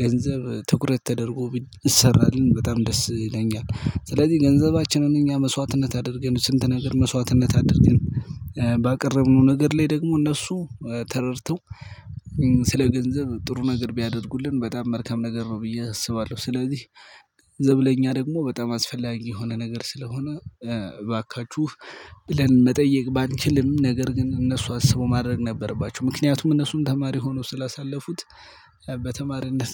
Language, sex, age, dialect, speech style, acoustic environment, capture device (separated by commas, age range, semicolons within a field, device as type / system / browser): Amharic, male, 25-34, Ethiopia - Gojjam, happy; relaxed, nature; silence, mobile / Linux / Chrome